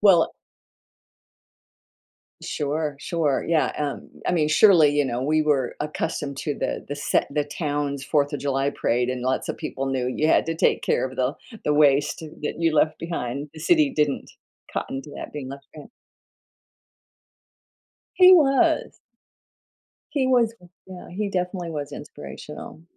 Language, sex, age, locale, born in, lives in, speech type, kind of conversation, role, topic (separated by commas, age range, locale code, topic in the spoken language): English, female, 70-74, en-US, United States, United States, conversation, podcast, guest, How can a memorable school project impact your learning or interests?